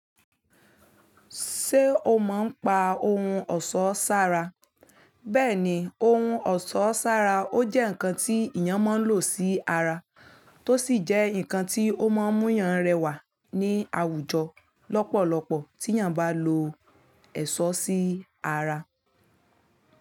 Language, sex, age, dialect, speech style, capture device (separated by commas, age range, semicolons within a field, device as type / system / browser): Yoruba, female, 25-34, Nigeria - Ibadan, happy, mobile / Linux / Chrome